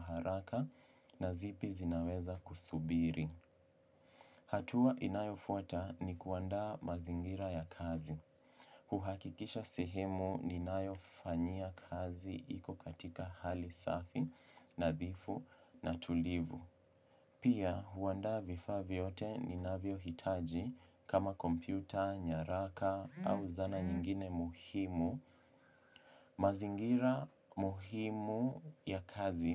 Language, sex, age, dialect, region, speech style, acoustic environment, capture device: Swahili, male, 18-24, Kenya - Mombasa, Kenya, focused, silence, mobile / Linux / Chrome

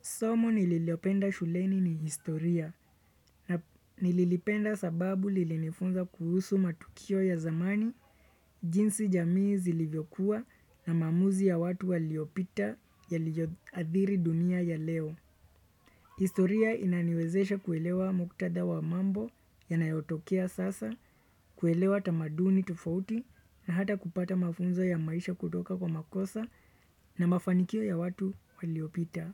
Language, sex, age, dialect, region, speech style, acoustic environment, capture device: Swahili, male, 25-34, Kenya - Nairobi Sheng-influenced, Kenya, relaxed; focused, nature; silence, desktop / Windows / Chrome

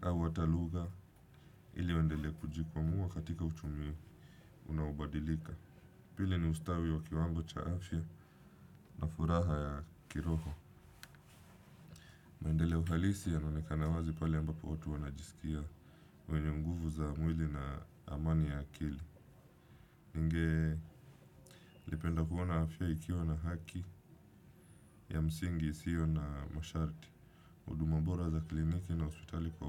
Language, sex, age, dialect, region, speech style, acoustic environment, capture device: Swahili, male, 25-34, Kenya - Mombasa, Kenya, relaxed; focused, nature; appliances, desktop / Windows / Chrome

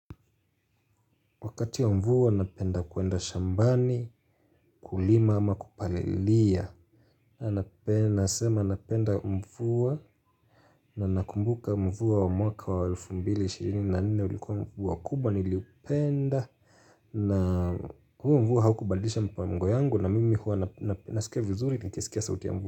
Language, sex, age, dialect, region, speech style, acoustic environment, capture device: Swahili, male, 25-34, Kenya - Mombasa, Kenya, focused, silence, mobile / Linux / Chrome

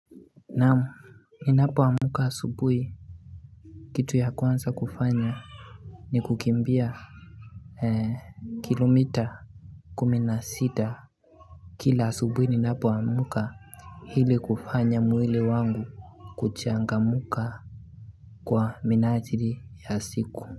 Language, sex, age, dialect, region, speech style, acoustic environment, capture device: Swahili, male, 18-24, Kenya - Nairobi Sheng-influenced, Kenya, anxious, nature, mobile / Linux / Chrome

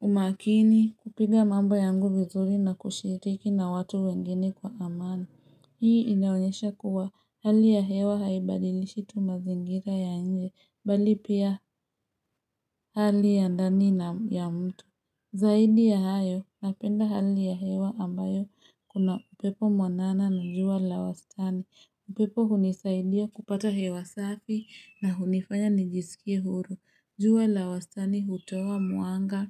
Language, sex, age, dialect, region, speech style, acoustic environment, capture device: Swahili, female, 25-34, Kenya - Nairobi Sheng-influenced, Kenya, happy, nature; silence; traffic, mobile / Linux / Chrome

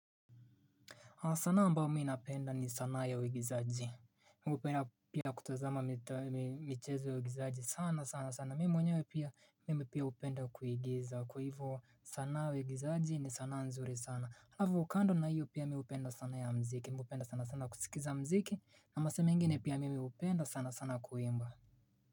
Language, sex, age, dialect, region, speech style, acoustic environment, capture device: Swahili, male, 25-34, Kenya - Mombasa, Kenya, relaxed, silence, mobile / Linux / Chrome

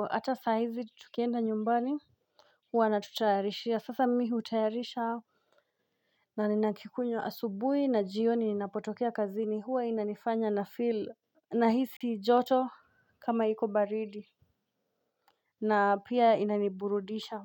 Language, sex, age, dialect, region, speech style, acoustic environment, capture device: Swahili, female, 25-34, Kenya - Nairobi Sheng-influenced, Kenya, excited, nature, mobile / Linux / Chrome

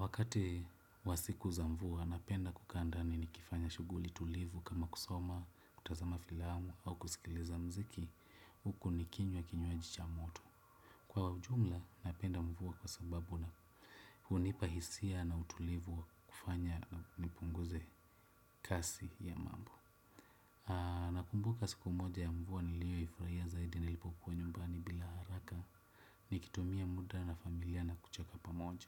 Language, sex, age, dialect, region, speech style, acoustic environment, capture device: Swahili, male, 25-34, Kenya - Nairobi Sheng-influenced, Kenya, relaxed; happy, silence, desktop / Windows / Chrome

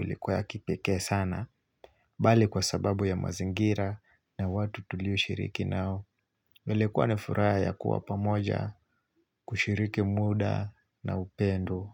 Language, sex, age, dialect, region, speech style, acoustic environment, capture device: Swahili, male, 25-34, Kenya - Mombasa, Kenya, focused, nature, mobile / Linux / Chrome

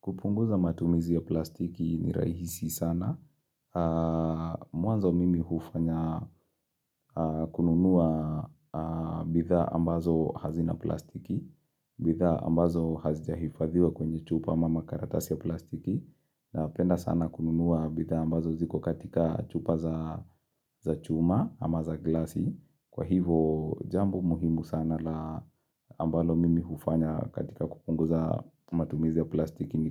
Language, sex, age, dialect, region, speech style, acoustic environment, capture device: Swahili, male, 25-34, Kenya - Nairobi Sheng-influenced, Kenya, relaxed; focused; excited, nature; silence; appliances, mobile / Linux / Chrome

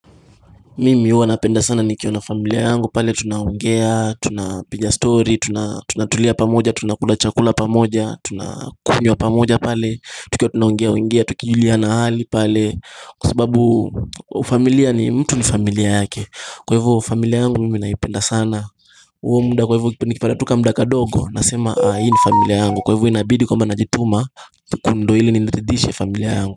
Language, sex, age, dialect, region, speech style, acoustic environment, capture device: Swahili, male, 18-24, Kenya - Mombasa, Kenya, happy; anxious; focused, people talking; music; nature, mobile / Linux / Chrome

